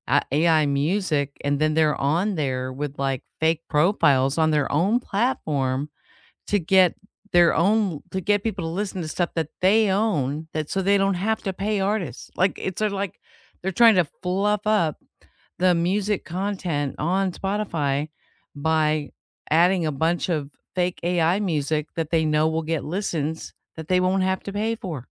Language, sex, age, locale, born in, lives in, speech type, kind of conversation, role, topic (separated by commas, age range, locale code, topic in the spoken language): English, female, 55-59, en-US, United States, United States, conversation, unstructured, none, Should radio stations and streaming algorithms prioritize familiar hits for mass appeal, or should they actively promote new and diverse artists for the cultural good?